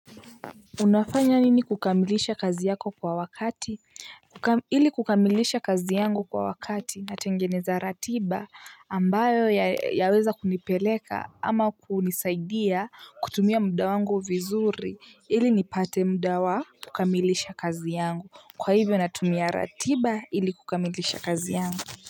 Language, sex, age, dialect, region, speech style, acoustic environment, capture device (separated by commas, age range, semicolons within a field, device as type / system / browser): Swahili, female, 18-24, Kenya - Nairobi Sheng-influenced, Kenya, happy, people talking, mobile / Linux / Chrome